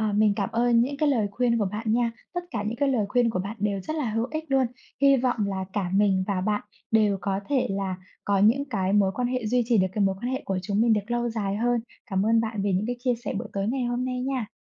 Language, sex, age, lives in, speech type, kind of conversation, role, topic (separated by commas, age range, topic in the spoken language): Vietnamese, female, 25-29, Vietnam, conversation, unstructured, none, Tại sao tình bạn lại quan trọng trong cuộc sống?